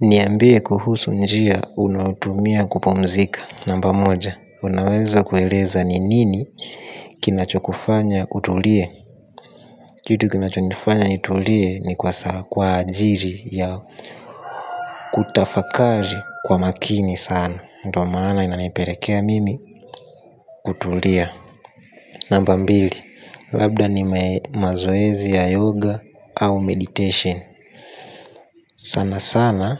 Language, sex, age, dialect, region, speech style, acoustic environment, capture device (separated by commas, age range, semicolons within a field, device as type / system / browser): Swahili, male, 18-24, Tanzania - Dar es Salaam, Tanzania, happy, nature; silence, mobile / Linux / Chrome